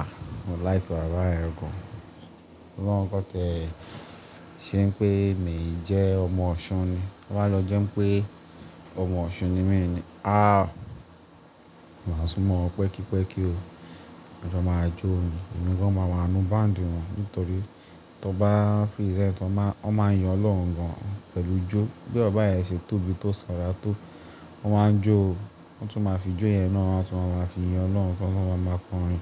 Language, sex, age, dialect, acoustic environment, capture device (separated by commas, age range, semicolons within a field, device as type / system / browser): Yoruba, male, 25-34, Nigeria - Lagos Yoruba, appliances, mobile / Linux / Chrome